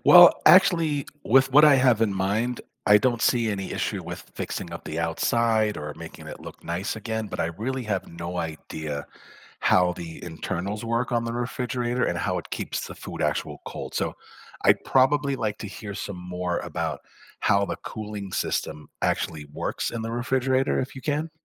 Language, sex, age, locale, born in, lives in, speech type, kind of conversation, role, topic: English, male, 55-59, en-US, United States, United States, read, expert-assistant, asker, How does a refrigerator keep food cold and fresh?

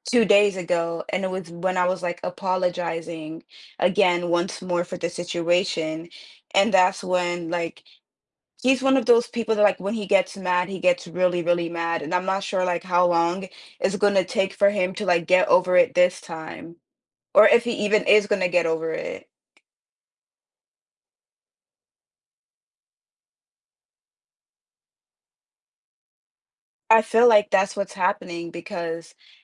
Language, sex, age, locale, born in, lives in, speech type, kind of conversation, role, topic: English, female, 20-24, en-US, United States, United States, conversation, advice, user, How can I apologize and rebuild trust after breaking a promise to someone I care about?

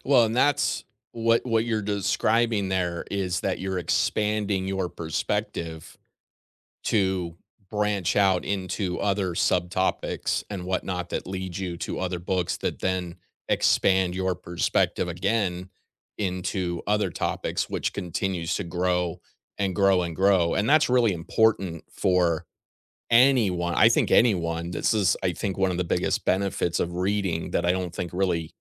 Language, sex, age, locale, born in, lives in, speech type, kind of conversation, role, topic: English, male, 40-44, en-US, United States, United States, conversation, unstructured, none, How do you choose your next book, and how do you like to share reading recommendations?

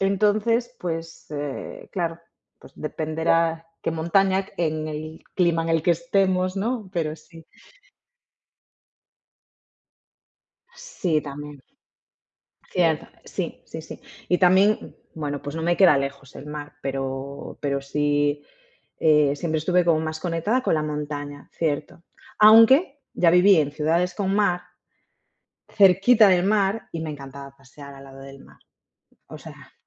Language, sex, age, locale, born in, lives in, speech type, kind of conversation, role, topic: Spanish, female, 30-34, es-ES, Spain, Portugal, conversation, unstructured, none, ¿Te gusta más el mar o la montaña?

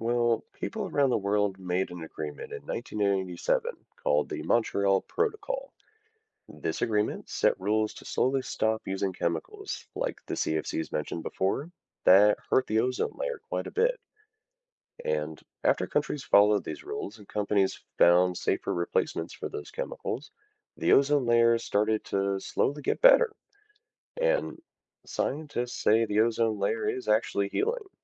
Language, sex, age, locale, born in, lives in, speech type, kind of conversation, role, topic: English, male, 30-34, en-US, United States, United States, read, expert-assistant, expert, What is the ozone layer and why is it important?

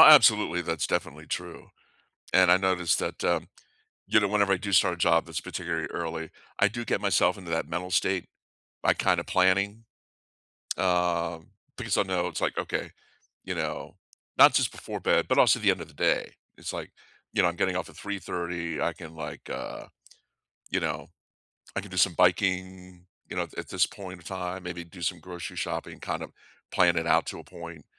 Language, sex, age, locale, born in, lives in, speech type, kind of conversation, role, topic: English, male, 55-59, en-US, United States, United States, conversation, unstructured, none, What sleep rituals have actually helped you drift off?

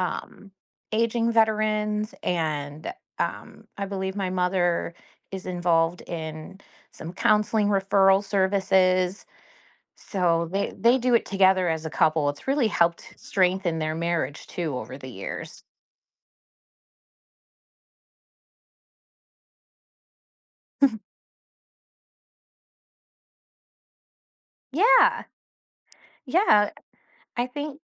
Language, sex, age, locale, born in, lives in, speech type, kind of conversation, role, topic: English, female, 40-44, en-US, United States, United States, conversation, podcast, guest, In what ways can volunteering help people gain a deeper understanding of their community and social issues?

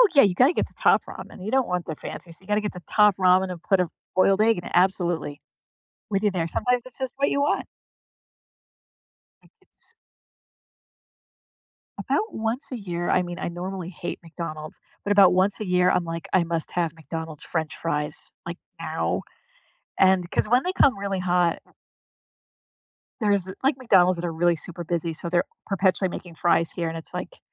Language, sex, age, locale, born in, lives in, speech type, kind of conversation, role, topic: English, female, 45-49, en-US, United States, United States, conversation, unstructured, none, What small morning habits genuinely improve your day’s start, and how did you develop them?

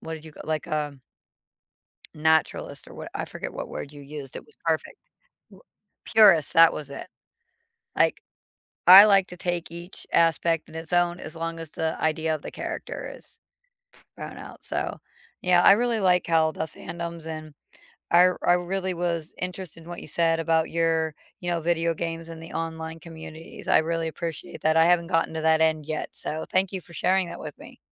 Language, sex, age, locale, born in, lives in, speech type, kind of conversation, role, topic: English, female, 45-49, en-US, United States, United States, conversation, unstructured, none, Which fandom first sparked your love of entertainment, and how has it connected you with others since?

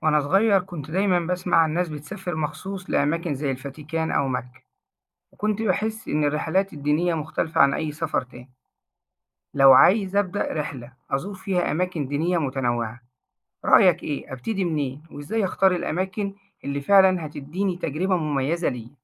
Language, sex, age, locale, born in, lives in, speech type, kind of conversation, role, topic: Arabic, male, 40-44, ar-EG, Egypt, Egypt, read, expert-assistant, asker, لو عايز أزور أماكن دينية مشهورة من أديان مختلفة، إزاي أخطط الرحلة دي وأستفيد منها؟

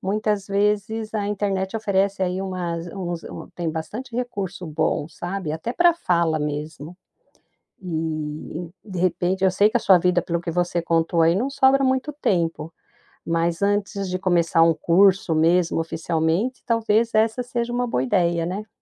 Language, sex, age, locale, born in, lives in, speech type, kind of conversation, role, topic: Portuguese, female, 55-59, pt-BR, Brazil, United States, conversation, podcast, host, Me conte sobre uma decisão da qual você ainda se arrepende?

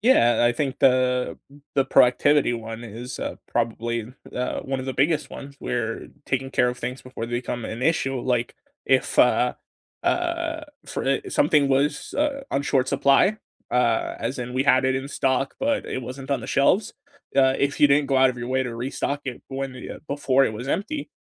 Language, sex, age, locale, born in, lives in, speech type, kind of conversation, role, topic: English, male, 20-24, en-US, United States, United States, conversation, unstructured, none, What small, practical life tip do you wish you’d learned sooner, and how has it helped?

